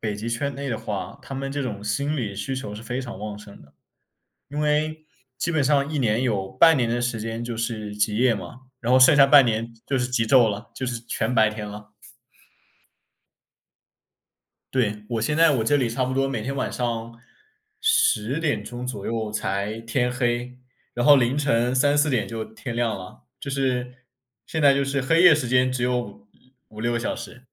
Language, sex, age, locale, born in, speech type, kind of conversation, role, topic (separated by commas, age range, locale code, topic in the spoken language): Chinese, male, 20-24, zh-CN, China, conversation, unstructured, none, 你觉得旅行会改变一个人的生活吗？